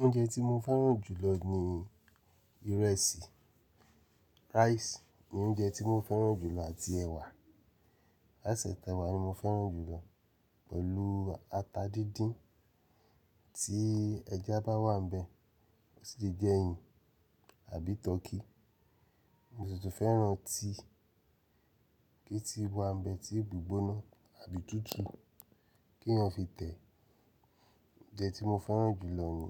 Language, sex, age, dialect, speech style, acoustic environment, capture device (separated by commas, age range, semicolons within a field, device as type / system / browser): Yoruba, male, 35-44, Nigeria - Nigerian Standard, relaxed, nature, mobile / Linux / Chrome